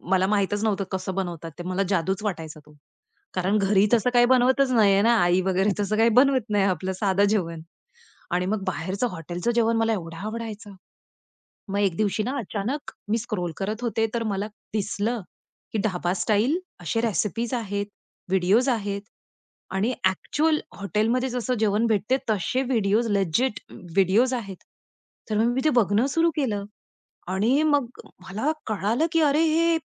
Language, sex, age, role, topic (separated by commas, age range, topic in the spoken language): Marathi, female, 35-39, guest, मोकळ्या वेळेत तुम्ही काहीतरी नवीन कसे शिकता?